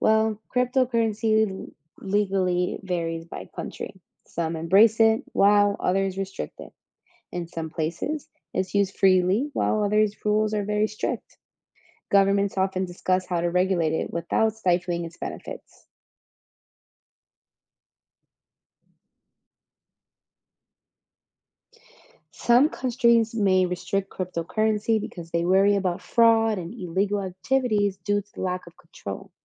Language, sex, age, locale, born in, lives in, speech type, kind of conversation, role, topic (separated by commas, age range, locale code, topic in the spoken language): English, female, 25-29, en-US, United States, United States, read, expert-assistant, expert, Can you explain the basics of cryptocurrency?